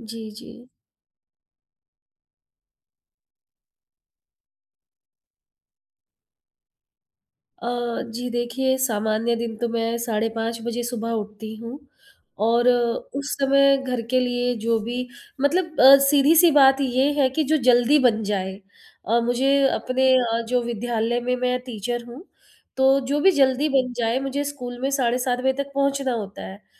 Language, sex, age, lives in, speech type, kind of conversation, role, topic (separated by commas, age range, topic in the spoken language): Hindi, female, 40-44, India, conversation, advice, user, खाने की योजना बनाने के लिए समय न मिलने पर आप दोषबोध से कैसे निपटते हैं?